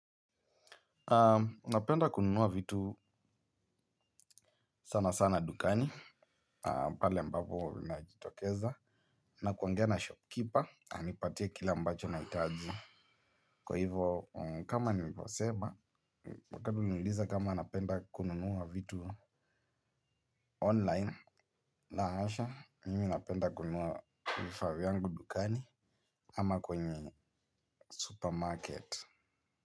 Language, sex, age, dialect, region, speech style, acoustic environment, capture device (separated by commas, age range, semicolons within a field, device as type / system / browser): Swahili, male, 18-24, Kenya - Nairobi Sheng-influenced, Kenya, happy, people talking; nature, mobile / Linux / Chrome